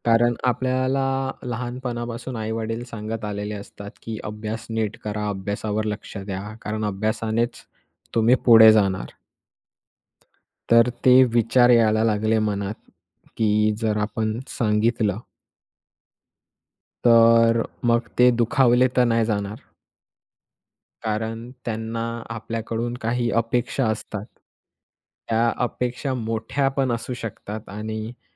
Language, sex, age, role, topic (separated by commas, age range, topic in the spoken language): Marathi, male, 20-24, guest, आई-वडिलांना ‘नाही’ कसे सांगावे?